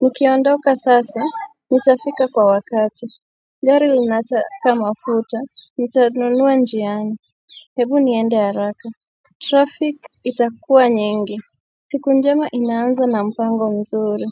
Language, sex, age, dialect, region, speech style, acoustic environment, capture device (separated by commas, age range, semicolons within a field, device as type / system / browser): Swahili, female, 25-34, Kenya - Mombasa, Kenya, relaxed, nature, mobile / Android / Chrome